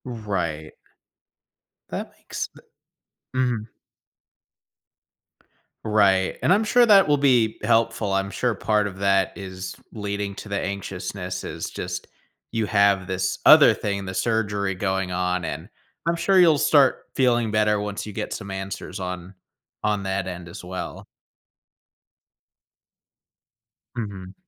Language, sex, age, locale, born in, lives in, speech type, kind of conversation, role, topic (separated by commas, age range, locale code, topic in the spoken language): English, male, 25-29, en-US, United States, United States, conversation, advice, advisor, How can I prepare for my first day at a new job?